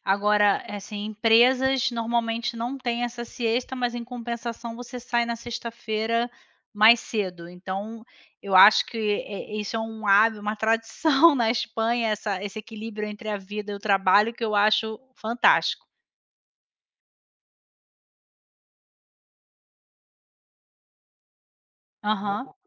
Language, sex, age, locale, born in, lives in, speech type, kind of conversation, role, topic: Portuguese, female, 40-44, pt-BR, Brazil, Spain, conversation, unstructured, none, Qual é a tradição local que mais te encanta?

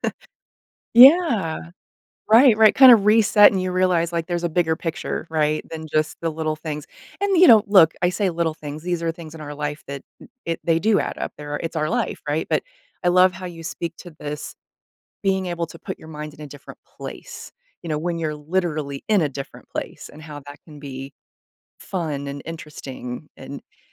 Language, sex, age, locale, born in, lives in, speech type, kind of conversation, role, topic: English, female, 40-44, en-US, United States, United States, conversation, podcast, host, In what ways can exploring new hobbies support emotional well-being?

